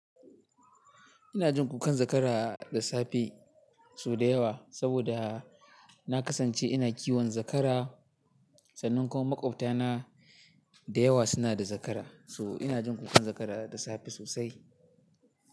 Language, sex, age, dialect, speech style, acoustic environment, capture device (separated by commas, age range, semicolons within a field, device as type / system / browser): Hausa, male, 18-24, Nigeria - Katsina, happy; relaxed; excited, silence, mobile / Linux / Chrome